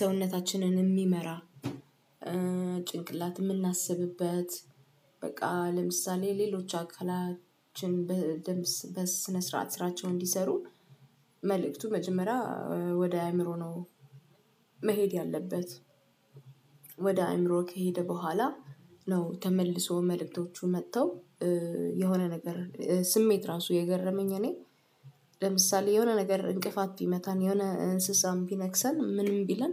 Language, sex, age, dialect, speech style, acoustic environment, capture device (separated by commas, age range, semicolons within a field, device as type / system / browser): Amharic, female, 25-34, Ethiopia - Addis Ababa, focused, silence, desktop / Windows / Chrome